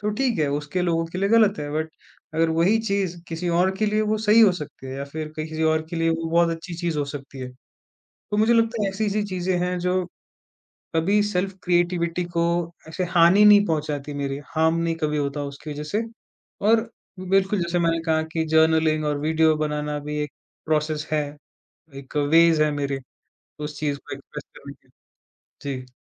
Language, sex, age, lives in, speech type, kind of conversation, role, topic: Hindi, male, 20-24, India, conversation, podcast, guest, Kya tum kabhi apni baat daba lete ho? Agar haan, kyun aur kaise?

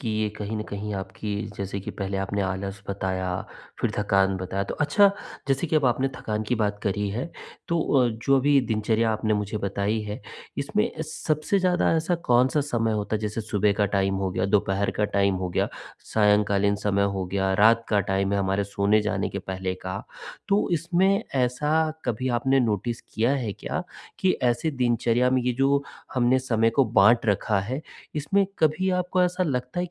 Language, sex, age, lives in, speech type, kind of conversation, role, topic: Hindi, male, 45-49, India, conversation, advice, advisor, मुझे प्रेरणा बनाए रखना मुश्किल लगता है, मैं कैसे लगातार काम कर सकूँ?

